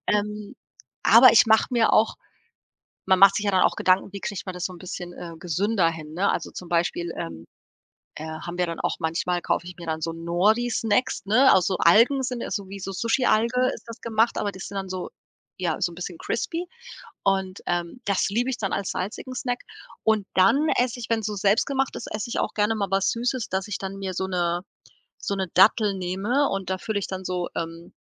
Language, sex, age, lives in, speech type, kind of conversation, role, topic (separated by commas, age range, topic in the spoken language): German, female, 40-44, Portugal, conversation, advice, user, Wie kann ich meine chaotischen Essenszeiten und Snacks über den ganzen Tag besser in den Griff bekommen?